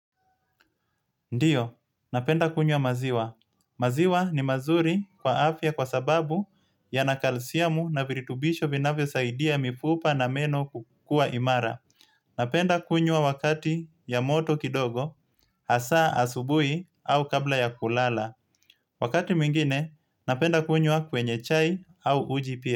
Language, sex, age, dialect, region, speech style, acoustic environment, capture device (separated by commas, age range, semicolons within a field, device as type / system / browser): Swahili, male, 25-34, Kenya - Mombasa, Kenya, happy; relaxed; excited; focused, nature; appliances, mobile / Linux / Chrome